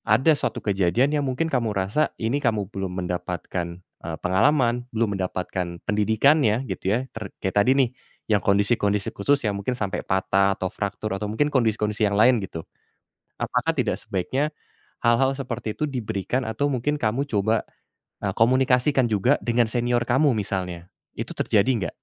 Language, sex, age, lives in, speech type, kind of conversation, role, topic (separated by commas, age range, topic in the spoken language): Indonesian, male, 30-34, Indonesia, conversation, advice, advisor, Bagaimana cara mengatasi perfeksionisme yang membuat saya takut gagal?